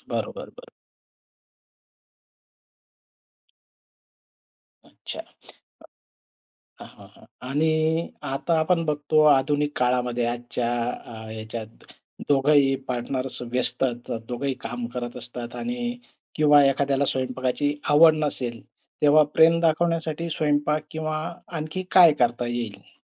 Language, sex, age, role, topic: Marathi, male, 45-49, host, जेवण बनवून प्रेम दाखवणं तुम्हाला किती महत्त्वाचं वाटतं?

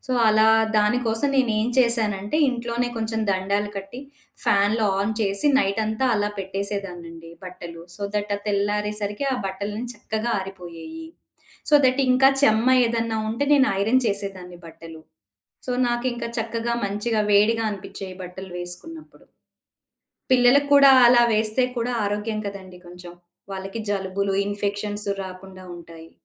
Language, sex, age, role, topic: Telugu, female, 40-44, guest, సీజన్లు మారినప్పుడు మీరు మీ ధ్యాన పద్ధతిని ఎలా మార్చుకుంటారు?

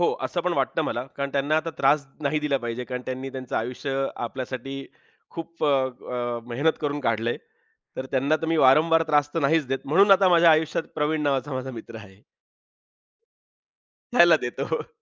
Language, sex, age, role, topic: Marathi, male, 35-39, guest, कठीण प्रसंगी तुम्ही कोणाला आधार मानता, आणि का?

